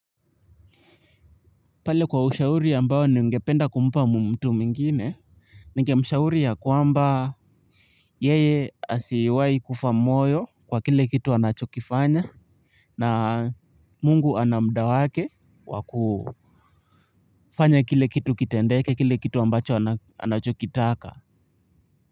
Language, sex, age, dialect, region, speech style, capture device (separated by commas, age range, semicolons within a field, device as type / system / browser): Swahili, male, 18-24, Kenya - Nairobi Sheng-influenced, Kenya, excited, mobile / Linux / Chrome